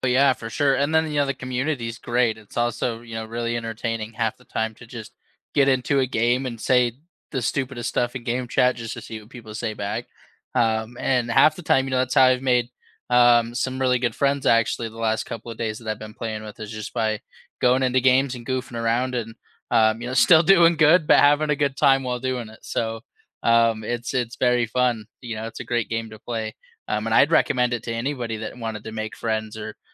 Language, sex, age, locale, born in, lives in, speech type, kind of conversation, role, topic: English, male, 25-29, en-US, United States, United States, conversation, unstructured, none, What video games have brought you closer to friends or family?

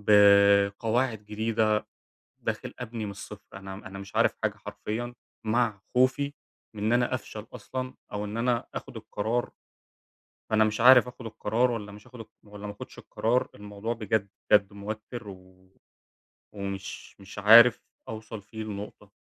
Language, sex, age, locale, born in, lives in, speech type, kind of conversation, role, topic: Arabic, male, 20-24, ar-EG, Egypt, Germany, conversation, advice, user, أتعامل إزاي مع خوفي من إني أخد قرار يغيّر حياتي ومخاوفي من المستقبل؟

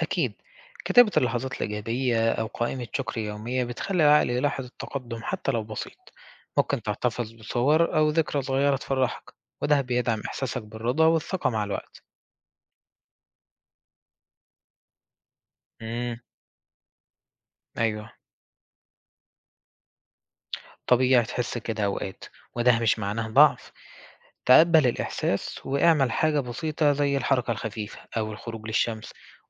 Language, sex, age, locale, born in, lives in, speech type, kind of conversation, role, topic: Arabic, male, 20-24, ar-EG, Egypt, Egypt, read, expert-assistant, expert, إزاي أقدر أوازن بين شغلي وحياتي الشخصية من غير ما أتعب نفسيتي؟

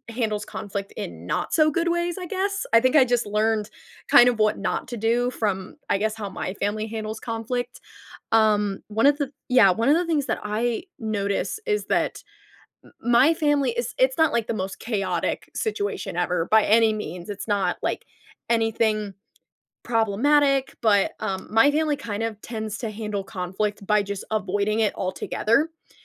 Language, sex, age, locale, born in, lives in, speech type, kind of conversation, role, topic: English, female, 20-24, en-US, United States, United States, conversation, podcast, guest, How can families resolve conflict in healthy ways?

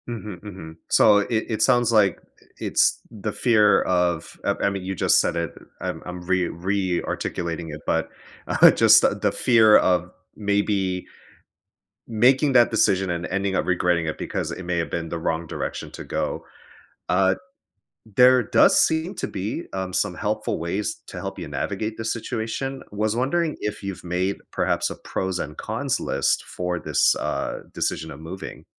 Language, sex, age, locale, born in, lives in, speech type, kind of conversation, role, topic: English, male, 35-39, en-US, United States, United States, conversation, advice, advisor, How do I decide between major life options when I'm unsure which aligns with my values?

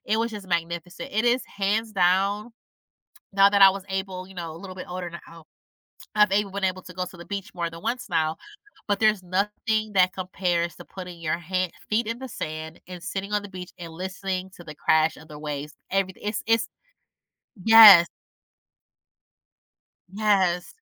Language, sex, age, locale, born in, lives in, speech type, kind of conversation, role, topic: English, female, 35-39, en-US, United States, United States, conversation, advice, user, How do I respond when I find out my partner planned a surprise trip without telling me?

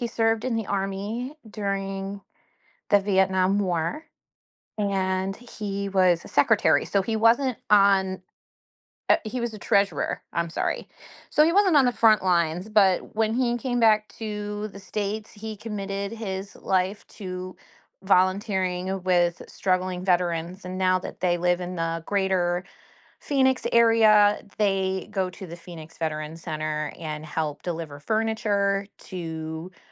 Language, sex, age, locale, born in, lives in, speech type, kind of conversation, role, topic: English, female, 40-44, en-US, United States, United States, conversation, podcast, guest, In what ways can volunteering help people gain a deeper understanding of their community and social issues?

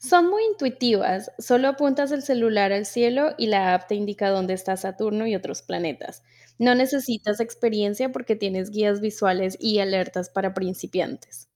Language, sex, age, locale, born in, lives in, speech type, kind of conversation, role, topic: Spanish, female, 30-34, es-CO, Colombia, United States, read, expert-assistant, expert, ¿Sabes dónde puedo encontrar foros o grupos para conversar sobre los planetas y las nuevas misiones espaciales?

